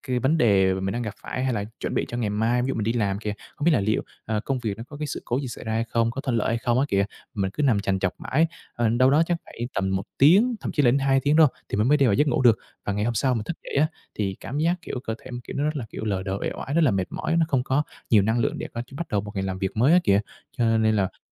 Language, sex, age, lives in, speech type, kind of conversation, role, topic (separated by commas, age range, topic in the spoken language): Vietnamese, male, 25-29, Vietnam, conversation, advice, user, Làm sao để dễ ngủ hơn khi bạn hay lo lắng và suy nghĩ nhiều trước khi đi ngủ?